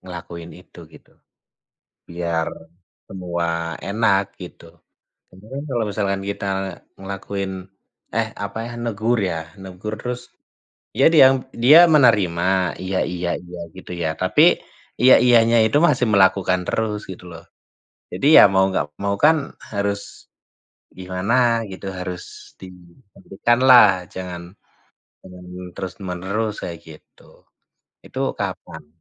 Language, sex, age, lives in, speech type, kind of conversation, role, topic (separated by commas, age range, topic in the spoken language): Indonesian, male, 30-34, Indonesia, conversation, unstructured, none, Bagaimana sebaiknya kita menyikapi hobi seseorang yang justru merugikan orang lain?